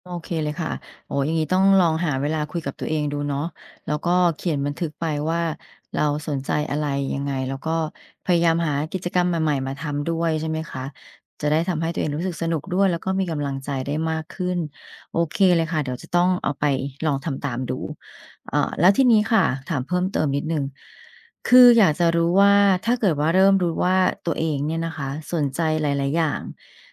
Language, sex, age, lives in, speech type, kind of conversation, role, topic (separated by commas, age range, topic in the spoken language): Thai, female, 45-49, Thailand, read, expert-assistant, asker, การสร้างแรงบันดาลใจให้ตนเองควรทำอย่างไร